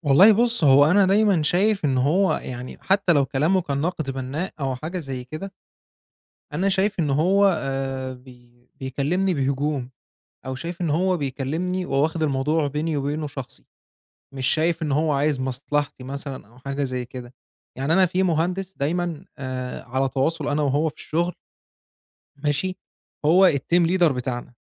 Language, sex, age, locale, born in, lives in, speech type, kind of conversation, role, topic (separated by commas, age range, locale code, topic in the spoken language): Arabic, male, 25-29, ar-EG, Egypt, Egypt, conversation, advice, user, إزاي أسمع آراء الناس من غير ما أحس إنها هجوم عليّا أو آخدها بشكل شخصي؟